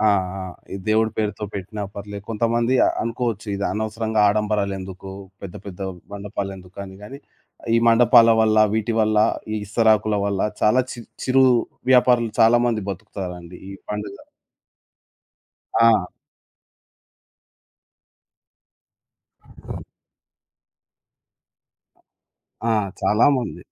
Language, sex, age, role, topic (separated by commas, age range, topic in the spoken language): Telugu, male, 25-29, guest, పండుగలను మీరు ఇంట్లో ఎలా జరుపుకుంటారు?